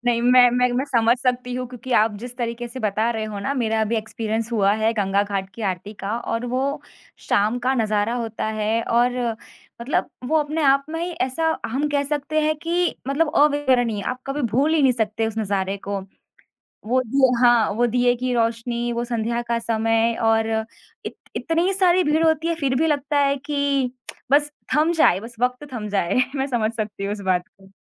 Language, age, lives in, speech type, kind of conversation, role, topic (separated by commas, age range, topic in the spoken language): Hindi, 25-29, India, conversation, podcast, host, Kya tum kisi cultural ya religious jagah par hui aisi mulaqat ke baare mein bata sakte ho, jisne tumhe spiritual feel karaya?